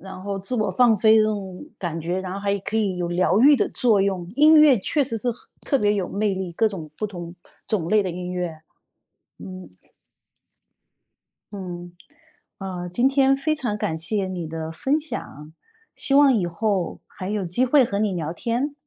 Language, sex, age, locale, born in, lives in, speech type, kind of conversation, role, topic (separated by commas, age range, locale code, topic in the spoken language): Chinese, female, 50-54, zh-CN, China, United States, conversation, podcast, host, 音乐什么时候会改变你的心情？